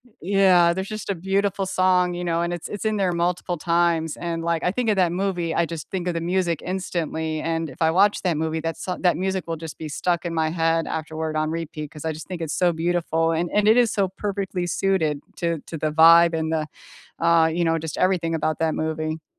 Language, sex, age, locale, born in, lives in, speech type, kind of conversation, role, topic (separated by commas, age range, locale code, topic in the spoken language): English, female, 40-44, en-US, United States, United States, conversation, unstructured, none, Which movie, TV show, or video game soundtracks do you play on repeat, and what memories or moods do they bring back?